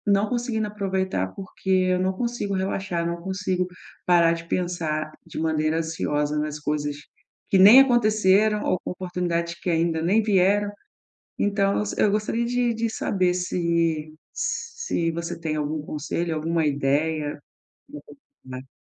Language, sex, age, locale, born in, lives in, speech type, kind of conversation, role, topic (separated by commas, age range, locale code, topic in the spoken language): Portuguese, female, 40-44, pt-BR, Brazil, Portugal, conversation, advice, user, Como posso relaxar em casa quando minha mente não desliga?